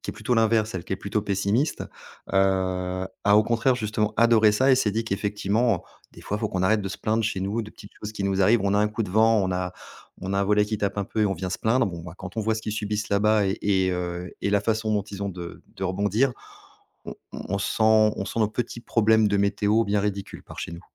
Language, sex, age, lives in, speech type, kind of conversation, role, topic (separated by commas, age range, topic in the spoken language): French, male, 40-44, France, conversation, podcast, guest, Peux-tu me parler d’un lieu naturel qui t’a vraiment marqué, et m’expliquer pourquoi ?